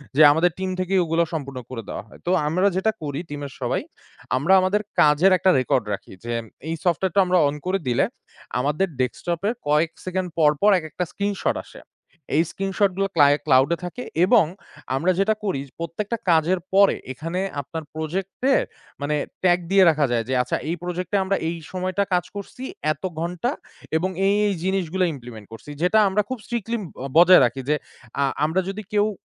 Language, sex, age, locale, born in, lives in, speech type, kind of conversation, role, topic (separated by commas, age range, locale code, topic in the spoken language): Bengali, male, 25-29, bn-BD, Bangladesh, Bangladesh, conversation, podcast, guest, কাজের কারণে কি কখনো নিজের সত্তা হারিয়ে ফেলেছেন?